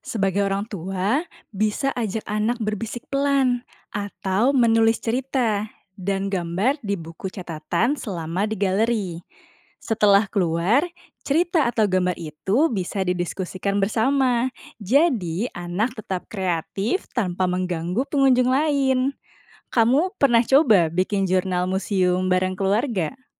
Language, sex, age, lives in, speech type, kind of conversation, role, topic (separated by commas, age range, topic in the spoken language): Indonesian, female, 30-34, Indonesia, read, expert-assistant, expert, Bagaimana membuat kunjungan ke museum seni lebih menarik untuk anak-anak?